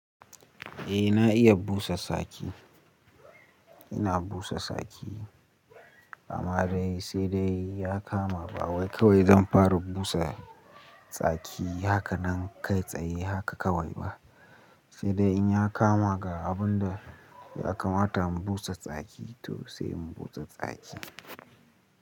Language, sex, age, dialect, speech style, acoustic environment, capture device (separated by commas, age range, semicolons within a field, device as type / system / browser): Hausa, male, 18-24, Nigeria - Nigerian Standard, relaxed, nature, mobile / Linux / Chrome